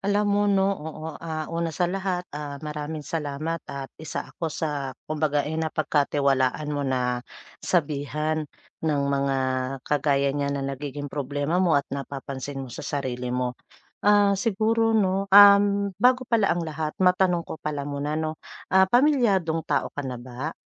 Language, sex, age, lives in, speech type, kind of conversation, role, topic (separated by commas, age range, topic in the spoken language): Filipino, female, 40-44, Philippines, conversation, advice, advisor, Paano ko maibabalanse ang oras para sa pamilya at trabaho gamit ang pagbabahagi ng oras sa iskedyul?